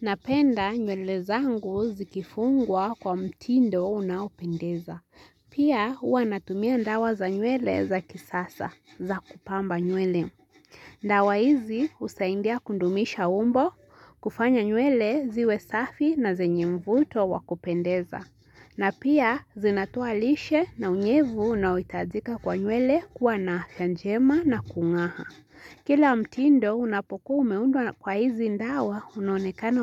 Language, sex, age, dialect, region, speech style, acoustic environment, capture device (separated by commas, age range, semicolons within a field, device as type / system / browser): Swahili, female, 18-24, Kenya - Nairobi Sheng-influenced, Kenya, relaxed; anxious; focused; nervous, silence, mobile / Linux / Chrome